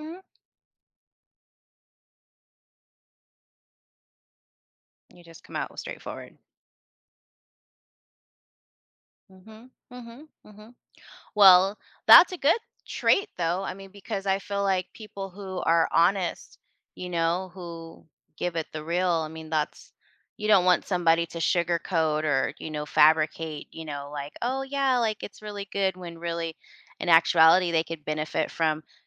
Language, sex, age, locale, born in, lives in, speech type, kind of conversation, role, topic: English, female, 40-44, en-US, United States, United States, conversation, advice, advisor, How can I stop feeling awkward after embarrassing myself?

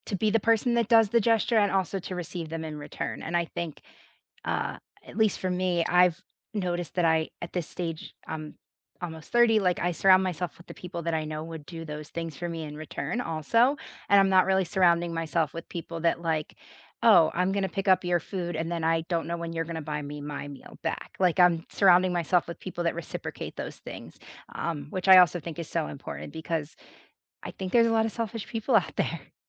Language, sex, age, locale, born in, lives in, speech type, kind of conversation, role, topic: English, female, 25-29, en-US, United States, United States, conversation, unstructured, none, What little gestures make you feel appreciated?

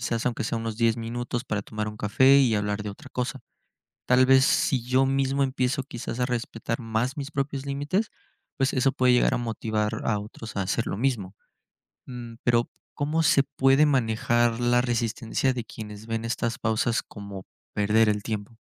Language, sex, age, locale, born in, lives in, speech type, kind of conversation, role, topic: Spanish, male, 20-24, es-MX, Mexico, Mexico, read, expert-assistant, asker, ¿Cómo equilibrar la productividad y el bienestar personal en el trabajo?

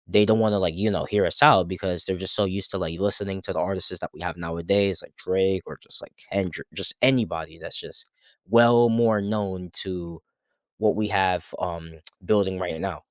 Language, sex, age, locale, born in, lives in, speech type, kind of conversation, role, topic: English, male, 20-24, en-US, United States, United States, conversation, unstructured, none, How does technology like auto-tune, social media, or streaming shape what we consider good music?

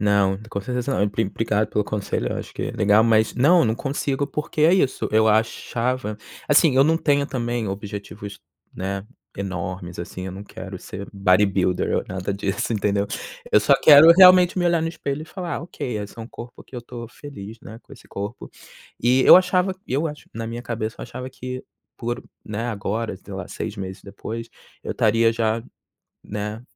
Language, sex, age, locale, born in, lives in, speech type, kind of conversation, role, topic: Portuguese, male, 30-34, pt-BR, Brazil, United States, conversation, advice, user, Como lidar com a perda de motivação quando você não vê resultados?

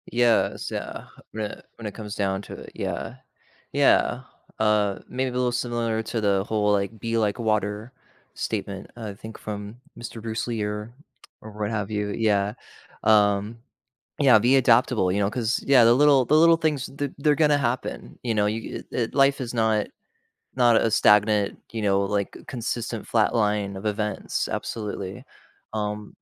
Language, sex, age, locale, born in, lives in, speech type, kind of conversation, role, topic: English, male, 35-39, en-US, United States, United States, conversation, unstructured, none, Why do minor disagreements sometimes turn into major conflicts?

